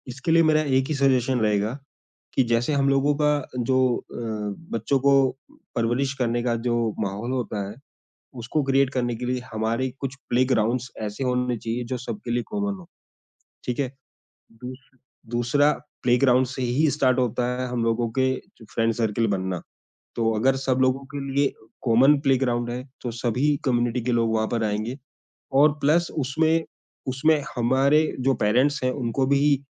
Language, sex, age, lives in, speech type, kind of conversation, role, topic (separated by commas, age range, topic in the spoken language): Hindi, male, 35-39, India, conversation, podcast, guest, Diversity wali community mein unity kaise banaaye rakhte ho?